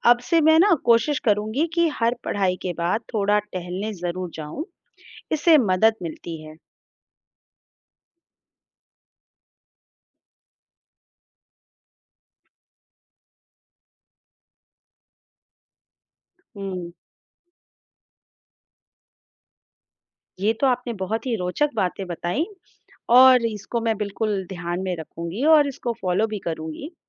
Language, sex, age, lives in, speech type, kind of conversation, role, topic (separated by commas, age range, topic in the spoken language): Hindi, female, 35-39, India, read, expert-assistant, asker, प्रेरणा की कमी होने पर क्या करें?